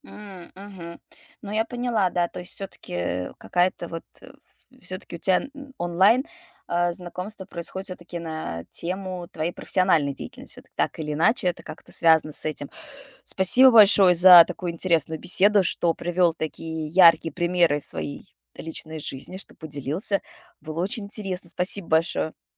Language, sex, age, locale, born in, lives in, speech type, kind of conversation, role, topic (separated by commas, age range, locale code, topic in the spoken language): Russian, female, 35-39, ru-RU, Russia, United States, conversation, podcast, host, Как завести знакомство в интернете так, чтобы оно переросло в реальное общение?